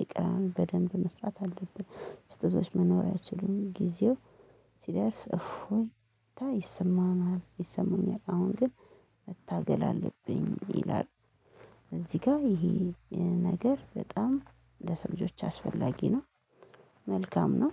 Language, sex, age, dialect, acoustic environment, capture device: Amharic, female, 25-34, Ethiopia - Addis Ababa, silence, mobile / Linux / Chrome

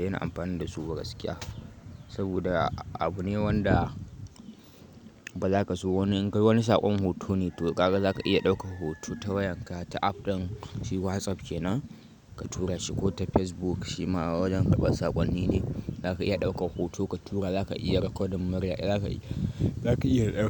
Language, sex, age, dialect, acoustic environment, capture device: Hausa, male, 18-24, Nigeria - Kano, silence, mobile / Linux / Chrome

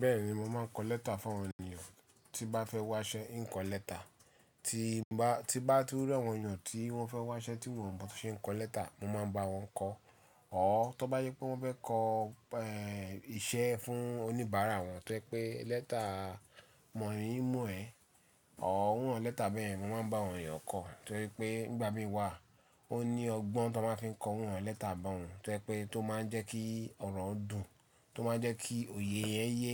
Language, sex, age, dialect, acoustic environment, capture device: Yoruba, male, 35-44, Nigeria - Lagos Yoruba, silence, mobile / Linux / Chrome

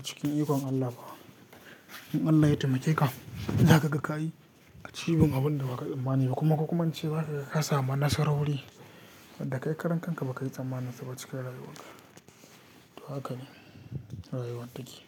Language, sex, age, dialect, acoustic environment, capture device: Hausa, male, 18-24, Nigeria - Katsina, people talking, mobile / Linux / Chrome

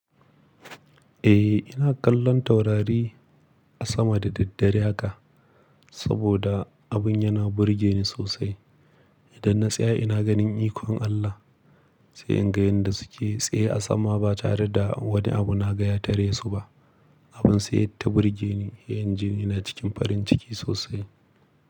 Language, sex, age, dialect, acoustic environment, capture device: Hausa, male, 25-34, Nigeria - Kano, silence, mobile / Linux / Chrome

